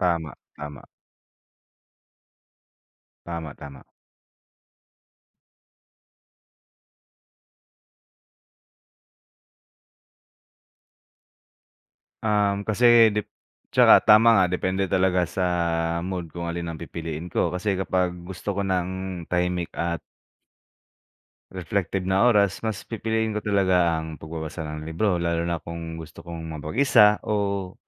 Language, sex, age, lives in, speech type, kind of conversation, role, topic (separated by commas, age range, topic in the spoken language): Filipino, male, 25-29, Philippines, conversation, unstructured, none, Alin ang mas nakapagpapahinga para sa iyo: pagbabasa ng aklat o panonood ng pelikula?